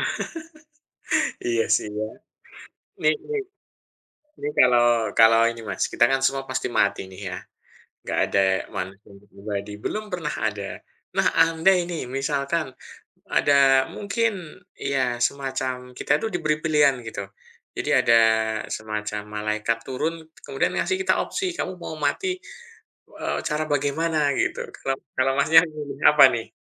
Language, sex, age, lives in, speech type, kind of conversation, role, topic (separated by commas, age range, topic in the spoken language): Indonesian, male, 40-44, Indonesia, conversation, unstructured, none, Apa yang biasanya membuatmu merasa tidak nyaman saat membicarakan kematian?